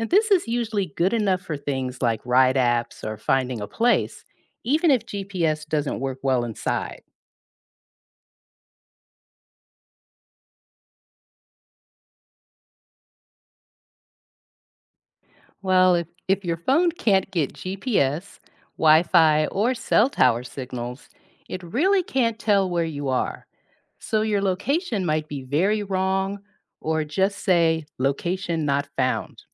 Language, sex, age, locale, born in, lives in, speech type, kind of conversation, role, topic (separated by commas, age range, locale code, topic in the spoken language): English, female, 50-54, en-US, United States, United States, read, expert-assistant, expert, How does a GPS determine your location?